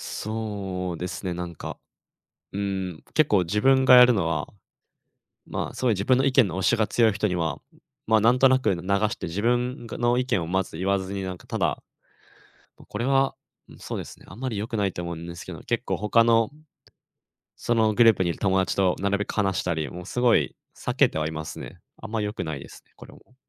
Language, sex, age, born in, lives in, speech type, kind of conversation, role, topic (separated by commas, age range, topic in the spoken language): Japanese, male, 20-24, Japan, Japan, conversation, advice, user, 自分の意見を言えず、いつも周りに合わせてしまうのはなぜですか？